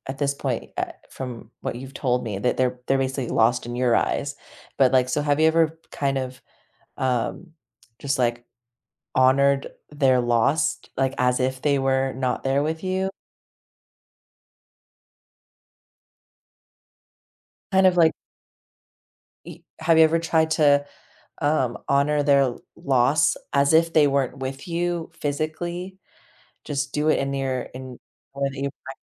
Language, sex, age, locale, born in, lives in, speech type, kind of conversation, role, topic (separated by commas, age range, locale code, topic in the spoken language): English, female, 30-34, en-US, United States, United States, conversation, advice, advisor, How can I find meaning after a loss?